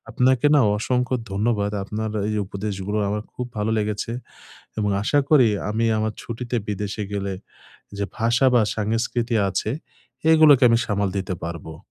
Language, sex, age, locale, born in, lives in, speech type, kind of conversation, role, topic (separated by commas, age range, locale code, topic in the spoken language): Bengali, male, 25-29, bn-BD, Bangladesh, Bangladesh, conversation, advice, user, ছুটিতে বিদেশে গেলে ভাষা ও সংস্কৃতিগত বাধা কীভাবে সামাল দেব?